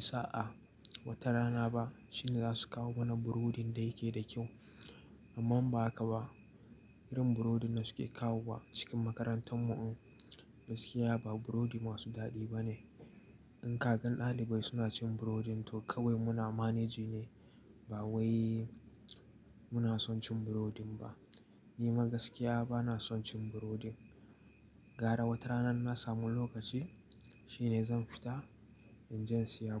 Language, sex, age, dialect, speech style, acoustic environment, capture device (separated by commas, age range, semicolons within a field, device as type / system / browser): Hausa, male, 18-24, Nigeria - Nigerian Standard, focused, nature, mobile / Linux / Chrome